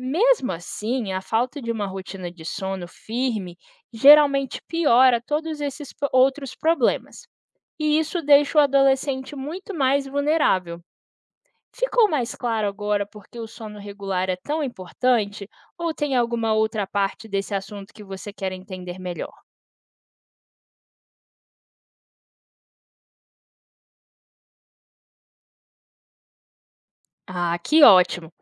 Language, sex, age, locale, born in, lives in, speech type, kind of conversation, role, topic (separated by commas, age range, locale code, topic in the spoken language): Portuguese, female, 25-29, pt-BR, Brazil, United States, read, expert-assistant, expert, Quais são os benefícios de manter uma rotina de sono consistente para adolescentes?